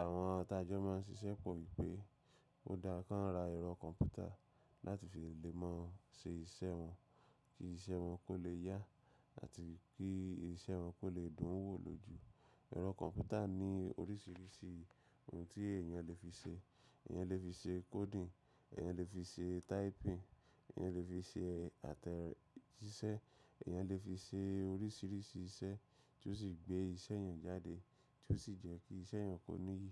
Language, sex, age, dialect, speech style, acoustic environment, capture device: Yoruba, male, 25-34, Nigeria - Lagos Yoruba, anxious, appliances, mobile / macOS / Safari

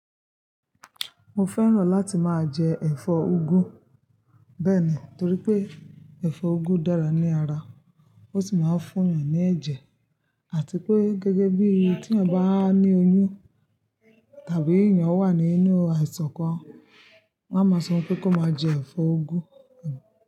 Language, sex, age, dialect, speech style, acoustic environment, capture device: Yoruba, female, 25-34, Nigeria - Ibadan, happy; excited, nature, mobile / Linux / Chrome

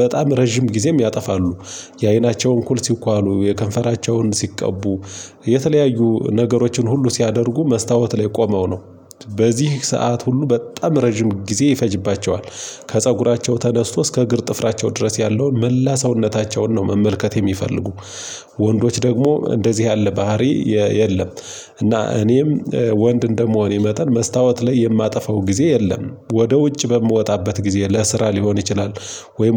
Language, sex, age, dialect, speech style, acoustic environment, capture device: Amharic, male, 25-34, Ethiopia - Gojjam, relaxed, silence, mobile / Linux / Chrome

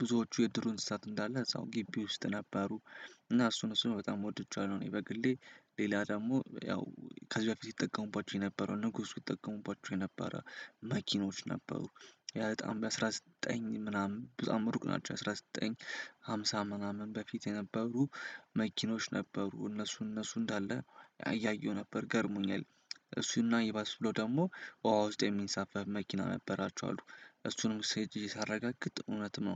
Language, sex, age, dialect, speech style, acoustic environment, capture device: Amharic, male, 18-24, Ethiopia - Addis Ababa, happy; excited, silence, desktop / Windows / Chrome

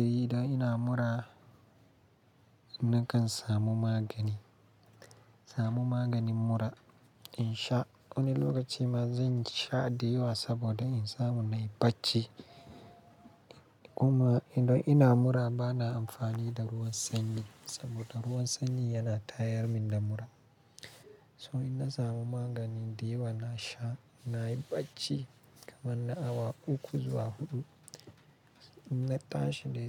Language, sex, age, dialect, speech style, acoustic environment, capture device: Hausa, male, 25-34, Nigeria - Kano, happy, silence, mobile / Linux / Chrome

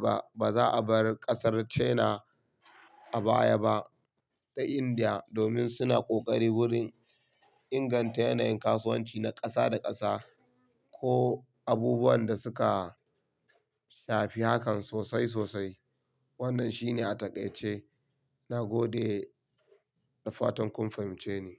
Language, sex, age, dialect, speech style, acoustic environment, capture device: Hausa, male, 25-34, Nigeria - Kano, happy; relaxed; excited; focused, silence; nature; people talking, mobile / Linux / Chrome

